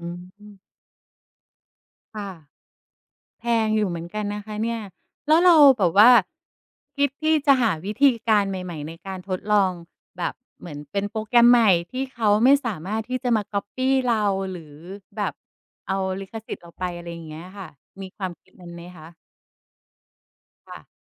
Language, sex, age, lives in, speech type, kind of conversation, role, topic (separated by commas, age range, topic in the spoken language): Thai, female, 45-49, Thailand, conversation, podcast, host, เราจะจัดการกับความกลัวการลองทำสิ่งใหม่ ๆ ได้อย่างไร?